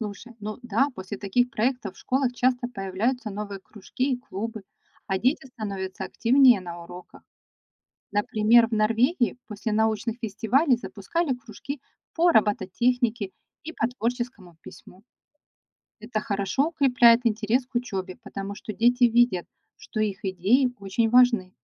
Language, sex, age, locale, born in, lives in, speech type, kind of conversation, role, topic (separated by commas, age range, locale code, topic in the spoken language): Russian, female, 45-49, ru-RU, Ukraine, Spain, read, expert-assistant, expert, Как можно было бы по-новому устроить школьный день, чтобы учиться было интереснее?